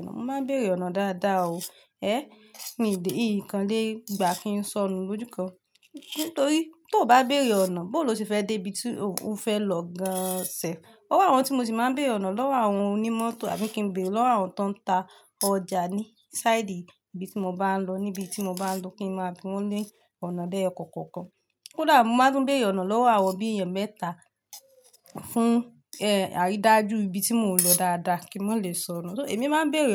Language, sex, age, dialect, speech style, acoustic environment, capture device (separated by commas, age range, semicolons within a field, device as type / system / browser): Yoruba, female, 25-34, Nigeria - Lagos Yoruba, relaxed, nature, mobile / Linux / Chrome